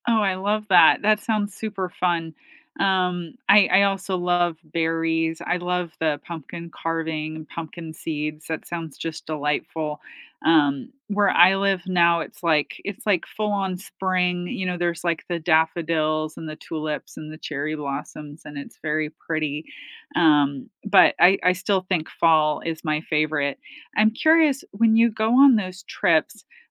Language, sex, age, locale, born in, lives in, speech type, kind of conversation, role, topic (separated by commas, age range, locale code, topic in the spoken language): English, female, 45-49, en-US, United States, United States, conversation, unstructured, none, What are some weekend day-trip ideas within two hours of where you live?